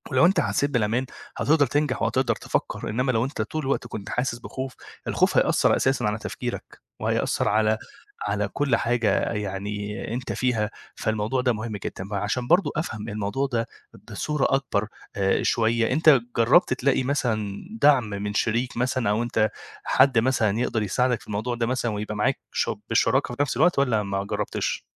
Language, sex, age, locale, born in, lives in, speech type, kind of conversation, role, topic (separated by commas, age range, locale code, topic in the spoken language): Arabic, male, 25-29, ar-EG, Egypt, Egypt, conversation, advice, advisor, إزاي أواجه خوفي من الفشل وأنا ببدأ مشروع جديد وأقدر أخد مخاطرة محسوبة؟